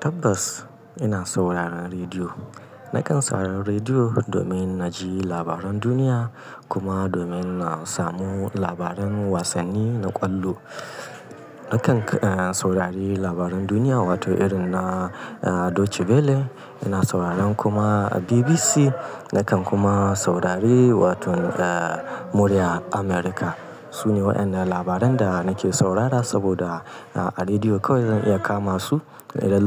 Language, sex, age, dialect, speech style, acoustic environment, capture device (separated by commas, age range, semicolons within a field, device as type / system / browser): Hausa, male, 35-44, Nigeria - Kano, happy; anxious; tired; relaxed; nervous; excited; focused; stressed, people talking; music; nature; silence; traffic; construction; appliances, mobile / Linux / Chrome